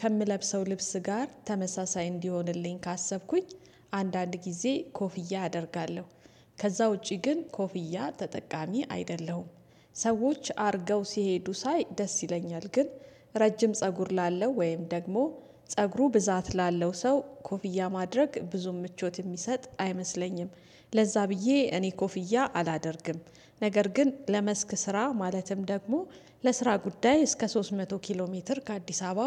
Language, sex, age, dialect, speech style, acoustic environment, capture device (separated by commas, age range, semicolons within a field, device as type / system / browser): Amharic, female, 35-44, Ethiopia - Addis Ababa, relaxed, silence, desktop / Windows / Chrome